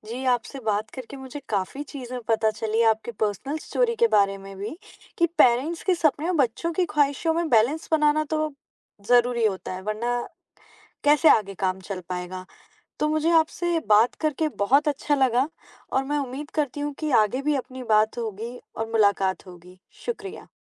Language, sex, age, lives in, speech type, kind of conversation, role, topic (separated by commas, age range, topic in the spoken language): Hindi, female, 20-24, India, conversation, podcast, host, Parents ke sapnon aur bachchon ki khwahishon ke beech sahi balance kaise banaya ja sakta hai?